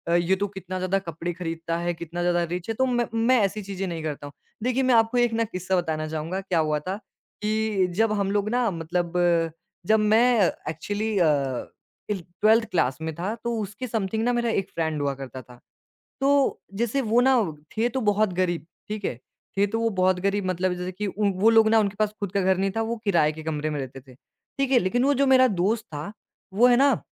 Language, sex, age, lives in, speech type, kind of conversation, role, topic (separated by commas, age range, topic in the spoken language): Hindi, male, 20-24, India, conversation, podcast, guest, क्या लोग ऑनलाइन जैसा खुद को दिखाते हैं, वैसा वे सच में होते हैं?